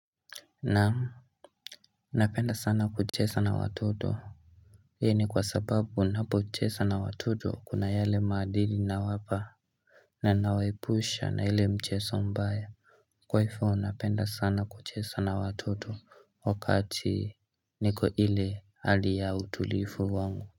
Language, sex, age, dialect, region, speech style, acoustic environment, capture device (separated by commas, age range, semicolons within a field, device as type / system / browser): Swahili, male, 18-24, Kenya - Nairobi Sheng-influenced, Kenya, anxious, silence, mobile / Linux / Chrome